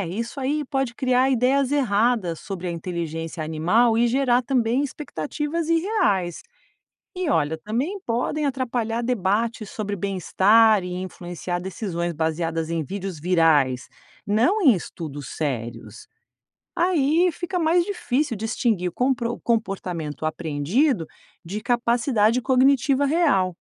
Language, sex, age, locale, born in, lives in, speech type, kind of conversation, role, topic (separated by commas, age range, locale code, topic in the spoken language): Portuguese, female, 50-54, pt-BR, Brazil, United States, read, expert-assistant, expert, Dá pra confiar em vídeos virais que mostram animais de estimação fazendo coisas impressionantes?